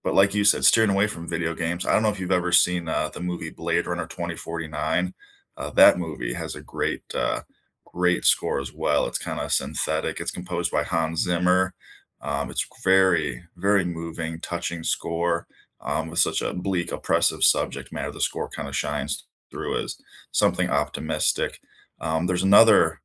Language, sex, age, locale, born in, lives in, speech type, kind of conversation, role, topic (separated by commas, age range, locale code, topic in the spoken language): English, male, 25-29, en-US, United States, United States, conversation, unstructured, none, Which movie, TV series, or video game soundtrack do you love to listen to on its own, and what memories does it bring back for you?